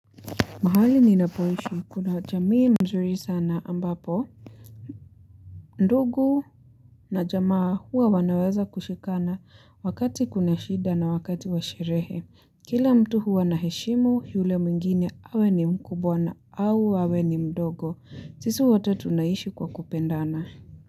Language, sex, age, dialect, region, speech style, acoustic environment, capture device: Swahili, female, 18-24, Kenya - Nairobi Sheng-influenced, Kenya, happy; relaxed; focused, people talking; music; nature; construction, mobile / Linux / Chrome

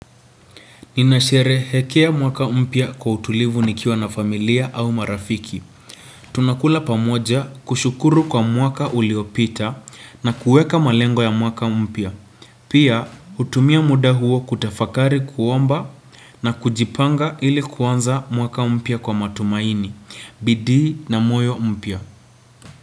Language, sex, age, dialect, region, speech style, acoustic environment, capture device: Swahili, male, 18-24, Kenya - Nairobi Sheng-influenced, Kenya, relaxed; focused, nature; silence, desktop / Windows / Chrome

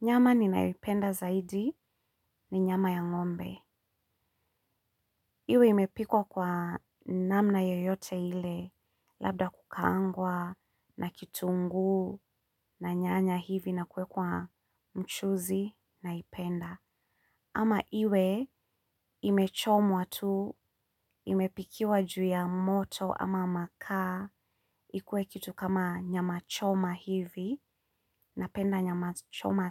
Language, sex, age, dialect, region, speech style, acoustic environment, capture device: Swahili, female, 35-44, Kenya - Nairobi Sheng-influenced, Kenya, relaxed, silence, mobile / Linux / Chrome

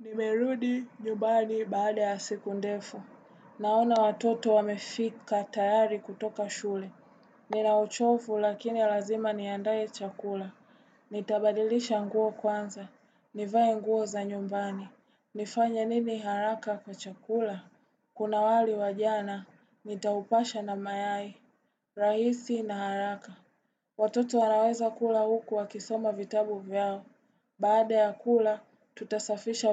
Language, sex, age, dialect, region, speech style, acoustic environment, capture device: Swahili, female, 18-24, Tanzania - Dar es Salaam, Tanzania, relaxed; focused, appliances, desktop / Windows / Chrome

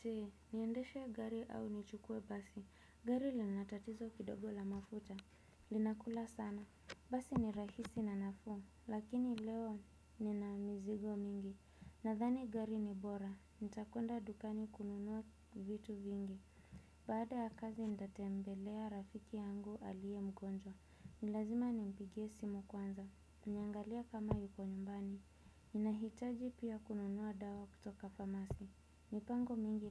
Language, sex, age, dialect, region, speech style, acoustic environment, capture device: Swahili, female, 25-34, Kenya - Nairobi Sheng-influenced, Kenya, relaxed, nature; appliances, desktop / Windows / Chrome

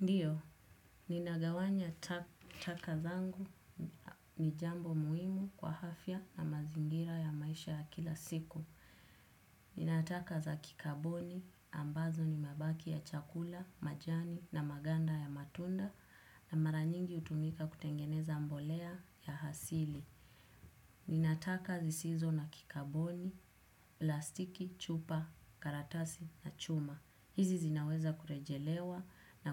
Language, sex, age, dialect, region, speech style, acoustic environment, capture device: Swahili, female, 18-24, Kenya - Nairobi Sheng-influenced, Kenya, focused, silence, desktop / Windows / Chrome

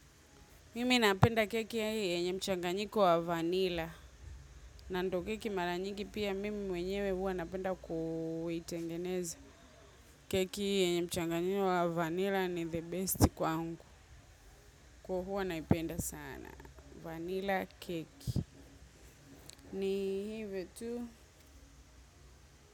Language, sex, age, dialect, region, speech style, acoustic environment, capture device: Swahili, female, 25-34, Tanzania - Dar es Salaam, Tanzania, happy; relaxed, silence, mobile / macOS / Safari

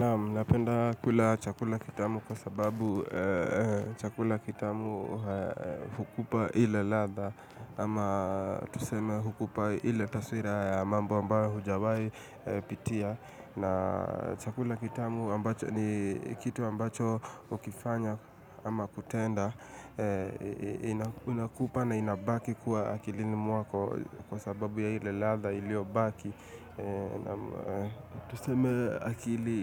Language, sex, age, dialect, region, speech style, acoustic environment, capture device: Swahili, male, 25-34, Kenya - Nairobi Sheng-influenced, Kenya, relaxed, appliances, mobile / Linux / Chrome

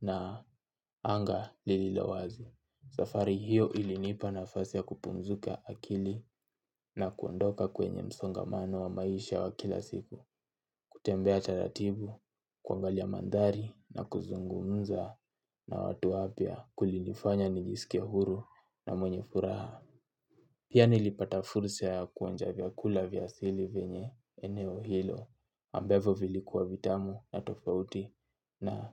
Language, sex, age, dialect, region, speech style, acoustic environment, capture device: Swahili, male, 18-24, Kenya - Nairobi Sheng-influenced, Kenya, focused, silence, mobile / Linux / Chrome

